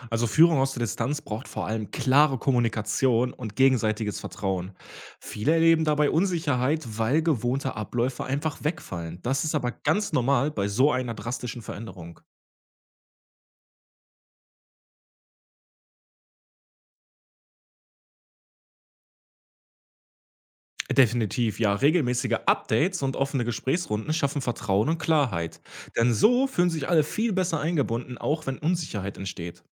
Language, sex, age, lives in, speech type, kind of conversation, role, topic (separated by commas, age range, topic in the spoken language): German, male, 30-34, Germany, read, expert-assistant, expert, Was wäre, wenn ein Unternehmen plötzlich auf Homeoffice für alle umstellen müsste – wie würde das den Arbeitsalltag und die Zusammenarbeit verändern?